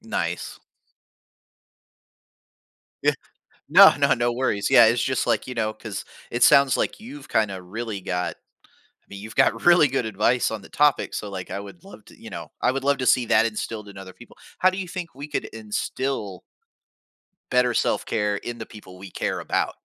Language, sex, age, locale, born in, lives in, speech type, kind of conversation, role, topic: English, male, 40-44, en-US, United States, United States, conversation, podcast, host, Why is it important to find a self-care routine that works for you?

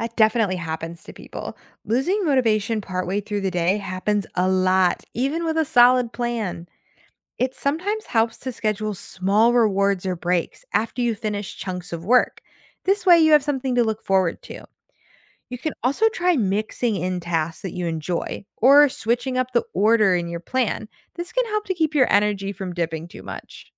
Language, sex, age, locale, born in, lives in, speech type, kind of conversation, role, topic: English, female, 25-29, en-US, United States, United States, read, expert-assistant, expert, Why is it important to manage time wisely?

